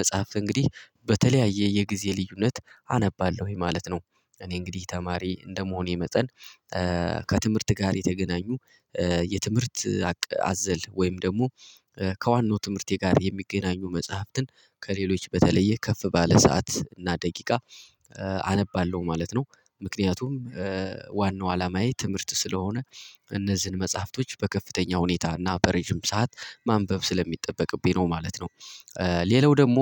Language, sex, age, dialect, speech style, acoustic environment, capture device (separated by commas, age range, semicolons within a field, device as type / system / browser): Amharic, male, 18-24, Ethiopia - Gojjam, happy, people talking, mobile / Linux / Chrome